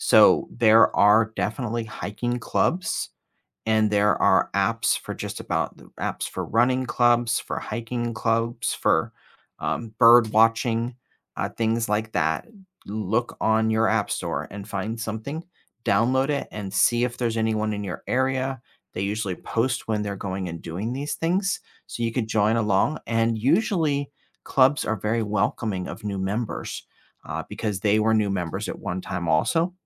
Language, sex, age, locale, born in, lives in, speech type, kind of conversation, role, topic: English, male, 45-49, en-US, United States, United States, conversation, advice, advisor, How can I meet people and explore my new city on a tight budget?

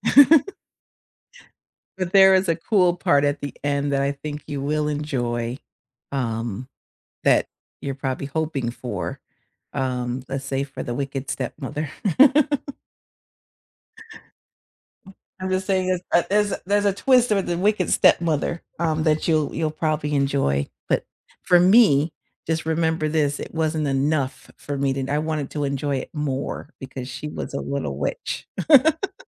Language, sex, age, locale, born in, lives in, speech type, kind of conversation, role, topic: English, female, 50-54, en-US, United States, United States, conversation, unstructured, none, What plot twists have you experienced that you didn’t see coming, without spoilers?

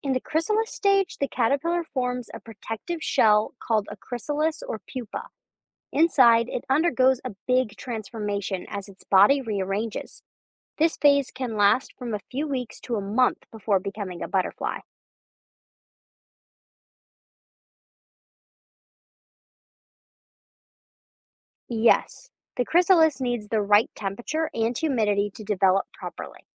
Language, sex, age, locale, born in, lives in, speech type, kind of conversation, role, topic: English, female, 20-24, en-US, United States, United States, read, expert-assistant, expert, What are the different stages of butterfly development?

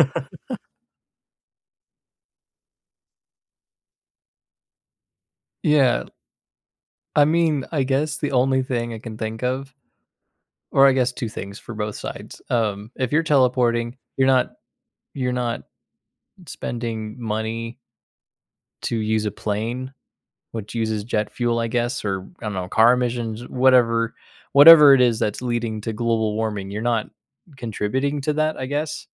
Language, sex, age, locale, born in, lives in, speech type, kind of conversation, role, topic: English, male, 20-24, en-US, United States, United States, conversation, unstructured, none, Would you rather be able to teleport anywhere instantly or fly at a slow speed?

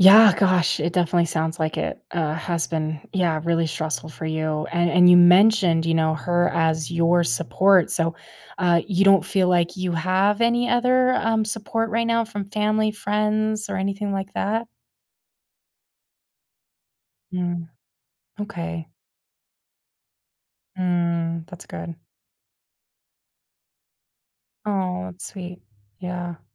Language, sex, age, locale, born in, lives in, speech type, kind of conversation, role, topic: English, female, 25-29, en-US, United States, United States, conversation, advice, advisor, How can I manage my daily stress?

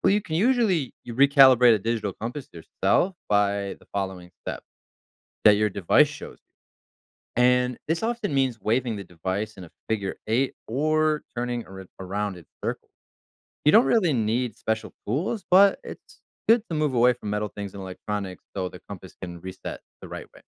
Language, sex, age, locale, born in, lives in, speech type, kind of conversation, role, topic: English, male, 30-34, en-US, United States, United States, read, expert-assistant, expert, How do compasses work and what affects their accuracy?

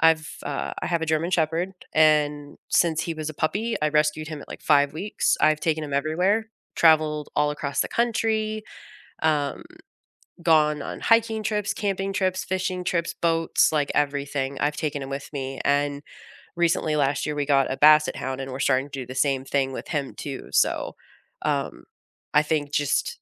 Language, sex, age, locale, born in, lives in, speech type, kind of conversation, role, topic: English, female, 35-39, en-US, United States, United States, conversation, unstructured, none, What is your favorite way to spend time with your pet?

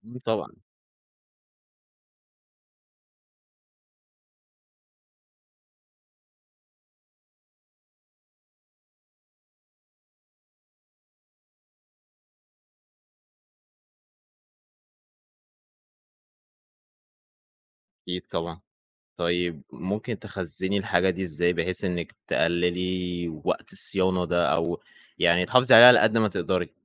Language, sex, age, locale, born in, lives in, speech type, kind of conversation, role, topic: Arabic, male, 20-24, ar-EG, Egypt, Egypt, conversation, podcast, host, إيه دور المجوهرات العائلية في ستايلك؟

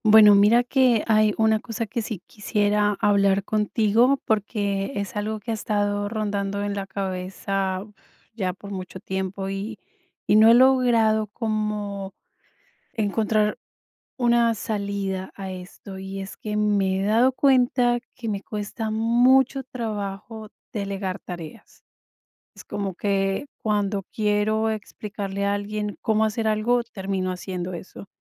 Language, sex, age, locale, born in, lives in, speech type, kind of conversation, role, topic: Spanish, female, 45-49, es-CO, Colombia, United States, conversation, advice, user, ¿Cómo puedo saber qué tareas debo delegar y cuándo hacerlo?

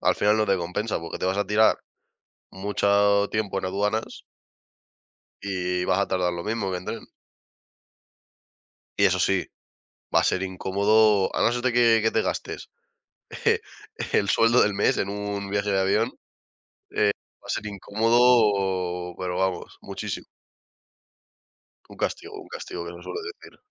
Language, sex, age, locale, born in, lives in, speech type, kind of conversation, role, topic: Spanish, male, 20-24, es-ES, Spain, Spain, conversation, unstructured, none, ¿Qué opinas sobre viajar en avión en comparación con viajar en tren?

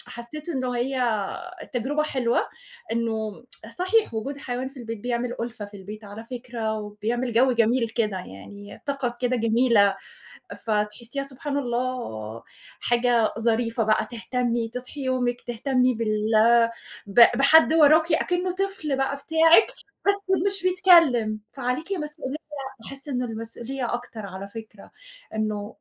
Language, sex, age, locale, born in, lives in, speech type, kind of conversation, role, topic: Arabic, female, 40-44, ar-EG, Egypt, Portugal, conversation, unstructured, none, إزاي نختار الحيوان الأليف المناسب للبيت؟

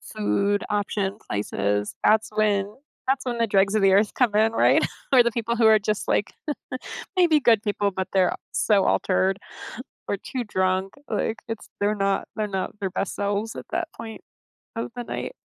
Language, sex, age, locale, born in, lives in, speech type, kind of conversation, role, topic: English, female, 50-54, en-US, United States, United States, conversation, unstructured, none, What is your go-to comfort food, who taught you to make it, and what memories does it bring back?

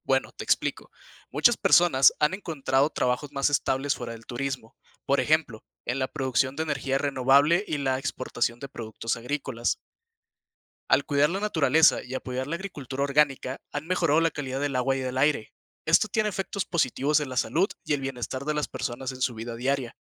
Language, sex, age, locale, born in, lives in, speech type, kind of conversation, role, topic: Spanish, male, 20-24, es-MX, Mexico, Mexico, read, expert-assistant, expert, Describe el impacto del turismo en la economía de una región.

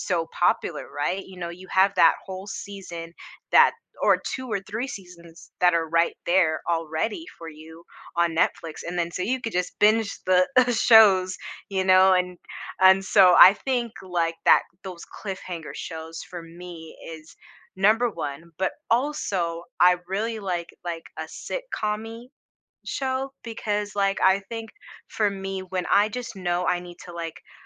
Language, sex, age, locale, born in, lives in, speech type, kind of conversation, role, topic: English, female, 25-29, en-US, United States, United States, conversation, podcast, guest, What draws people in and keeps them watching episode after episode of a TV show?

